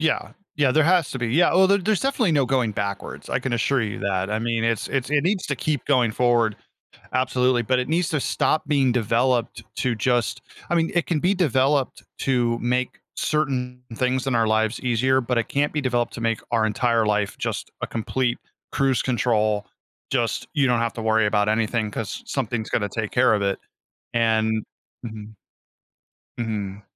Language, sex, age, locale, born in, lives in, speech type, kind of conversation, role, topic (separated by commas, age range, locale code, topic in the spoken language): English, male, 40-44, en-US, United States, United States, conversation, podcast, guest, How can relying too much on technology affect our daily lives and well-being?